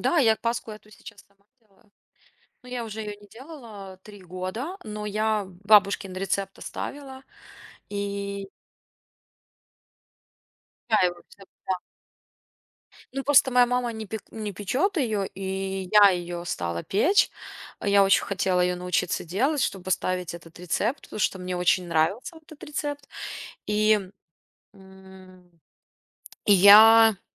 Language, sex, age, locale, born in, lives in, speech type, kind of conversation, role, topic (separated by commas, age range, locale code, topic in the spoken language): Russian, female, 35-39, ru-RU, Ukraine, Italy, conversation, podcast, guest, Какие запахи еды у тебя ассоциируются с детством и праздниками?